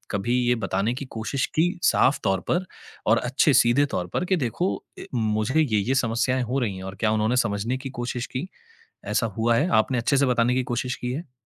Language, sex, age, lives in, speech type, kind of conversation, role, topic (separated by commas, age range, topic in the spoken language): Hindi, male, 30-34, India, conversation, advice, advisor, मैं दोस्त की समस्याएँ बार-बार सुनते-सुनते भावनात्मक रूप से थक क्यों जाता/जाती हूँ?